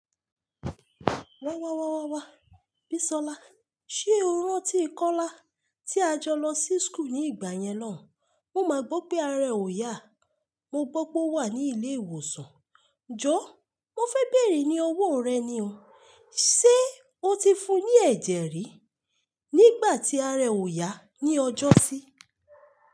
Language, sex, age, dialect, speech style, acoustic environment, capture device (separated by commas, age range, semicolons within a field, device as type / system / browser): Yoruba, female, 25-34, Nigeria - Lagos Yoruba, relaxed, silence, mobile / Linux / Chrome